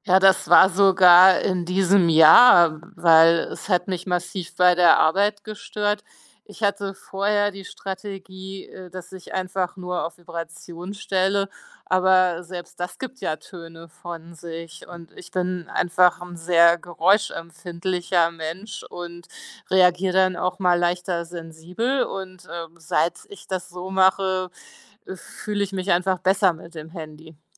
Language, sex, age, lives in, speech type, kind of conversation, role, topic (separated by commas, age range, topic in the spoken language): German, female, 45-49, Germany, conversation, podcast, guest, Wie gehst du mit ständigen Push-Benachrichtigungen um?